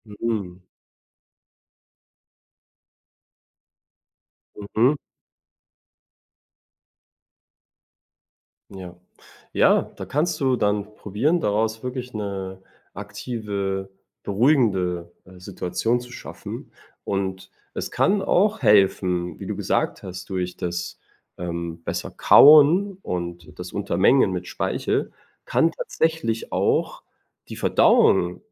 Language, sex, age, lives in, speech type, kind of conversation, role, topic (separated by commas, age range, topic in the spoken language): German, male, 25-29, Germany, conversation, advice, advisor, Wie kann ich damit umgehen, dass mein Körper widersprüchliche Signale zu Hunger und Sättigung sendet?